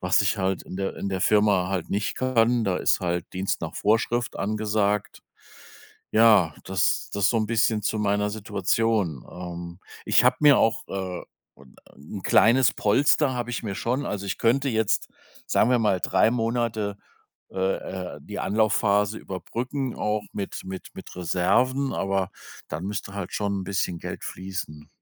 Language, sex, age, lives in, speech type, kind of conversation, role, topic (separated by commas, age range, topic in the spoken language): German, male, 55-59, Germany, conversation, advice, user, Wie entscheide ich zwischen einem sicheren Job und dem Risiko, meinen Karriereweg zu verändern?